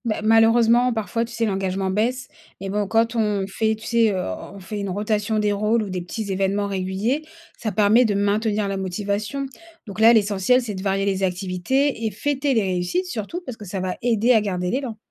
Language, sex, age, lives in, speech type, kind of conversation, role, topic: French, female, 30-34, France, read, expert-assistant, expert, Quels gestes simples peut-on adopter au quotidien pour améliorer la santé publique dans son quartier ?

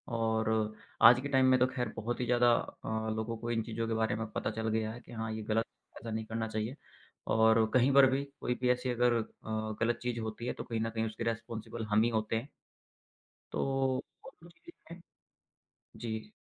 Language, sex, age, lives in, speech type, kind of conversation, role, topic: Hindi, male, 35-39, India, conversation, podcast, guest, Social media ka tumhare style par kya asar पड़ा है?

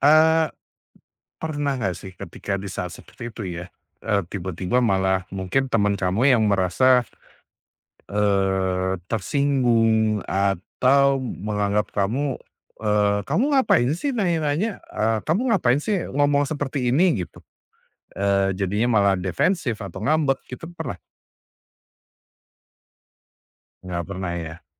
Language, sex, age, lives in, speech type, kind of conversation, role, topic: Indonesian, male, 40-44, Indonesia, conversation, podcast, host, Bagaimana kamu memulai percakapan yang sulit dengan teman dekat?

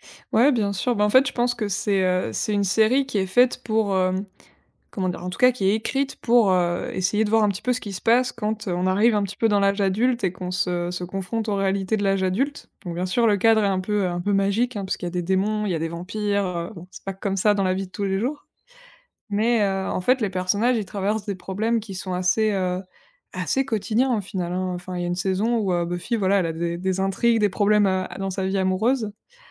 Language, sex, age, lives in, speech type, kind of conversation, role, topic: French, female, 25-29, France, conversation, podcast, guest, Parle d'un film ou d'une série qui t'a fait sentir représenté·e ?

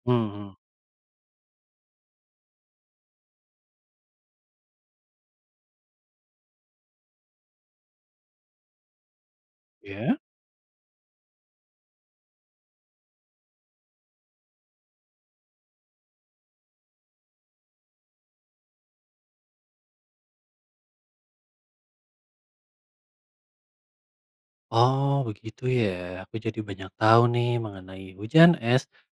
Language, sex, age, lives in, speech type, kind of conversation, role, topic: Indonesian, male, 25-29, Indonesia, read, expert-assistant, asker, Bagaimana hujan es bisa terbentuk di langit?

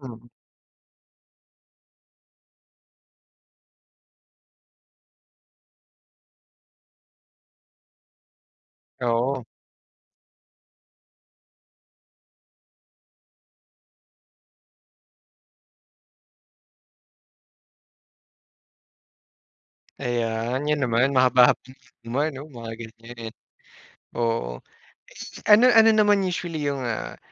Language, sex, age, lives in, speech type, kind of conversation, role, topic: Filipino, male, 30-34, Philippines, conversation, podcast, host, Ano ang paborito mong tambayan ng komunidad, at bakit?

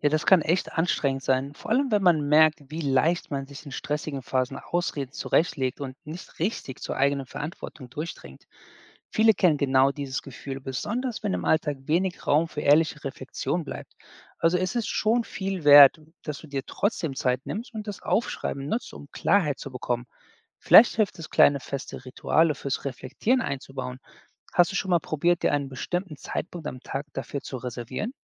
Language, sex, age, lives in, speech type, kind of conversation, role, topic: German, male, 40-44, United States, read, expert-assistant, expert, Was können wir aus der Evolution für den Umgang mit beruflichen Rückschlägen lernen?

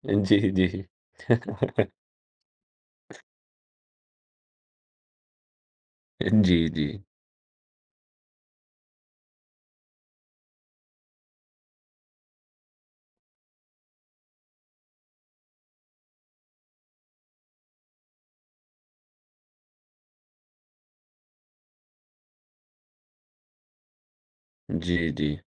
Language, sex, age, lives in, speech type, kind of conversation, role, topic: Hindi, male, 18-19, India, conversation, podcast, host, Kya tumne kabhi koi purana gaana naya-sa lagne par dhoondhkar apni playlist mein daala hai, aur kaunsa?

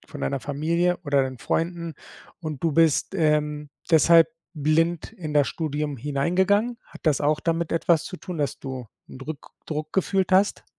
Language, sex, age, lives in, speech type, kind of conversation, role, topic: German, male, 40-44, Spain, conversation, advice, advisor, Wie gehe ich mit dem Druck um, in meiner Karriere schneller aufzusteigen als Gleichaltrige?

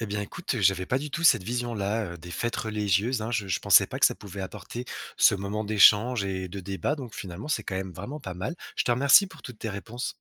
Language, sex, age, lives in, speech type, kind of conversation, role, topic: French, male, 35-39, France, read, expert-assistant, asker, Comment différentes religions aident-elles à faire face aux grands choix de la vie ?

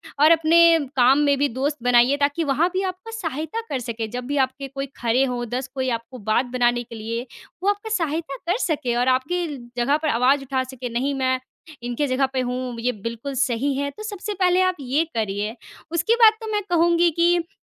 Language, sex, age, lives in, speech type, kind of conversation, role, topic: Hindi, female, 20-24, India, conversation, advice, advisor, जब किसी दोस्त ने आपका भरोसा तोड़ दिया हो, तो माफी माँगने या बातचीत शुरू करने के लिए आपको क्या करना चाहिए?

